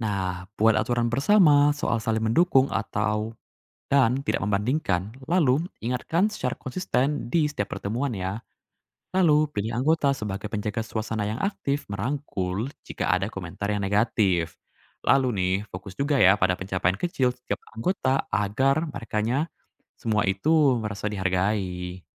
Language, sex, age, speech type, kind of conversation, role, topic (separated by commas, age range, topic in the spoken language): Indonesian, male, 20-24, read, expert-assistant, expert, Lebih baik mendukung keluarga yang membutuhkan lewat bantuan langsung tunai atau program pelatihan keterampilan? Mana yang lebih berdampak jangka panjang?